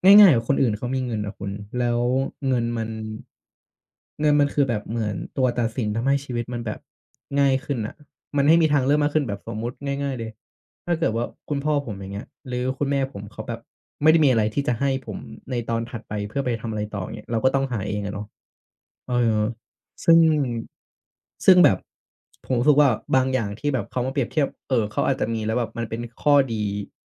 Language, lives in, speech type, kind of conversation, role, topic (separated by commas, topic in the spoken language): Thai, Thailand, conversation, advice, user, ทำอย่างไรเมื่อเผลอเปรียบเทียบตัวเองกับคนอื่นแล้วรู้สึกท้อใจ?